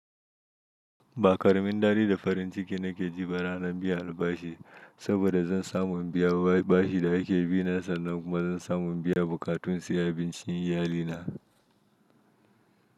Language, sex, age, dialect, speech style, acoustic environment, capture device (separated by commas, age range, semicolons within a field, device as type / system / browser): Hausa, male, 25-34, Nigeria - Nigerian Standard, happy, silence, mobile / Linux / Chrome